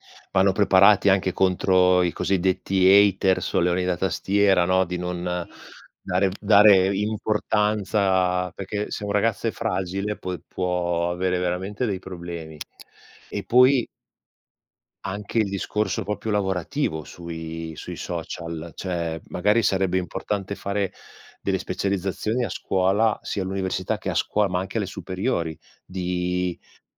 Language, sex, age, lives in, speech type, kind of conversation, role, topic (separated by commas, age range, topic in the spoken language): Italian, male, 45-49, Italy, conversation, unstructured, none, La scuola prepara davvero i giovani al mondo del lavoro di oggi?